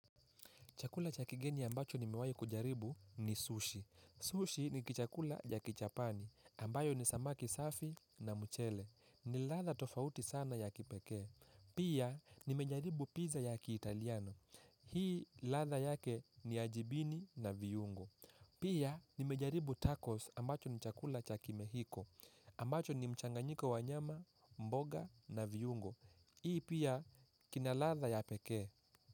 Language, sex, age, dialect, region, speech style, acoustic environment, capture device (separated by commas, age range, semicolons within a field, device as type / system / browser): Swahili, male, 18-24, Kenya - Mombasa, Kenya, happy; focused; relaxed, people talking; silence, mobile / Linux / Chrome